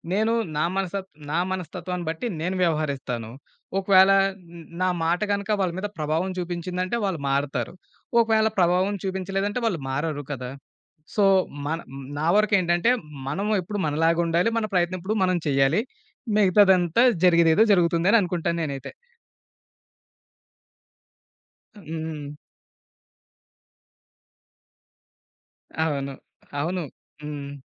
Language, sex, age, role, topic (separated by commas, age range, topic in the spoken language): Telugu, male, 30-34, guest, పక్కనివారితో చిన్న సంభాషణ మొదలుపెట్టుకోవడం ఎందుకు ముఖ్యం అనిపిస్తుంది?